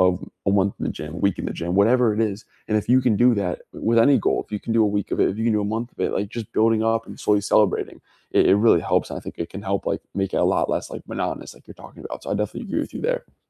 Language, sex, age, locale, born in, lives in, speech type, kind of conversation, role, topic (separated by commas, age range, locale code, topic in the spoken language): English, male, 20-24, en-US, United States, United States, conversation, unstructured, none, How do you stay motivated when working toward a big goal?